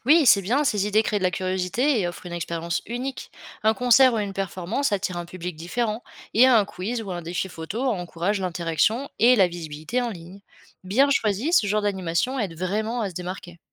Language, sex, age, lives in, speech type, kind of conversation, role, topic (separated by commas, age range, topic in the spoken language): French, female, 25-29, France, read, expert-assistant, expert, Comment se former au marketing en utilisant ce qu’on observe dans la vie quotidienne ?